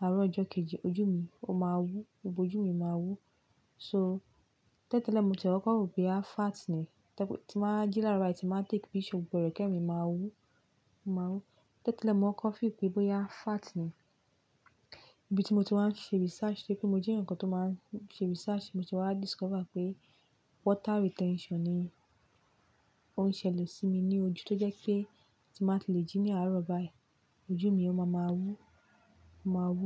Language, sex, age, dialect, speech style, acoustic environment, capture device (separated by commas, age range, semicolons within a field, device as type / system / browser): Yoruba, female, 25-34, Nigeria - Lagos Yoruba, relaxed, appliances, mobile / Linux / Chrome